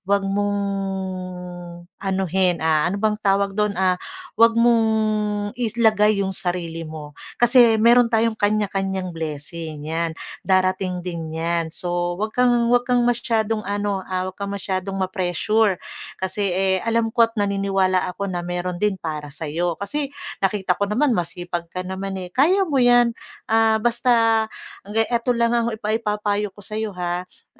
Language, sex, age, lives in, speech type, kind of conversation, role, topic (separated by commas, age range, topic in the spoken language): Filipino, female, 40-44, Philippines, conversation, advice, advisor, Bakit hindi ako makapagpahinga kahit nasa bahay lang?